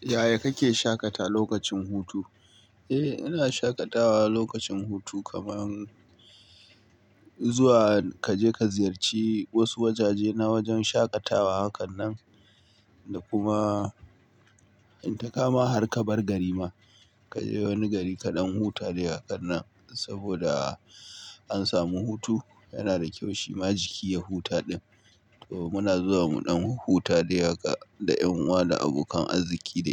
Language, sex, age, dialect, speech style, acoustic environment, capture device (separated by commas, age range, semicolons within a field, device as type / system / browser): Hausa, male, 25-34, Nigeria - Kano, relaxed, nature, mobile / Linux / Chrome